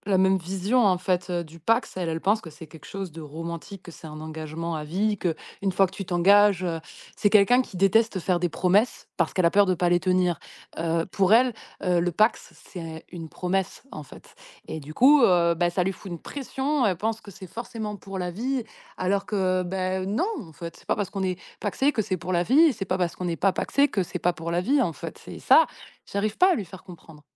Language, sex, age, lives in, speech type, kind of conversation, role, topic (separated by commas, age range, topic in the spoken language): French, female, 30-34, France, conversation, advice, user, Devrais-je me marier malgré mes doutes sur notre relation ?